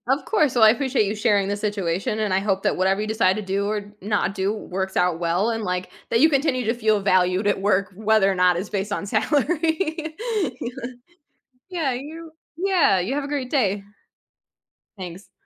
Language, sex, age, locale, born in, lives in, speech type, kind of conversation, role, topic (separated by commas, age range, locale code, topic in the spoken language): English, female, 35-39, en-US, United States, United States, conversation, advice, advisor, How do I talk to my manager about discovering a co-worker earns more than I do?